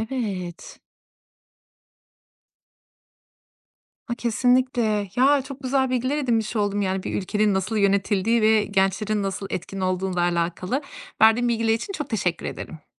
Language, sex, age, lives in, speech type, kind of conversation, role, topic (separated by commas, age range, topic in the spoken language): Turkish, female, 35-39, Austria, read, expert-assistant, asker, Bir ülkenin nasıl yönetildiğini anlamak, kendi kararlarımı etkiler mi?